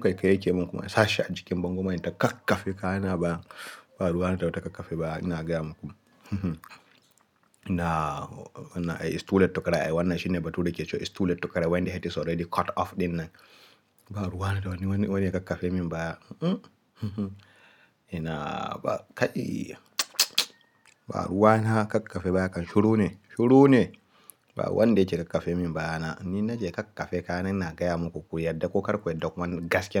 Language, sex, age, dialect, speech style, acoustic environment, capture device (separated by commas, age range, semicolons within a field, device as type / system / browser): Hausa, male, 18-24, Nigeria - Kano, happy, silence, mobile / Linux / Chrome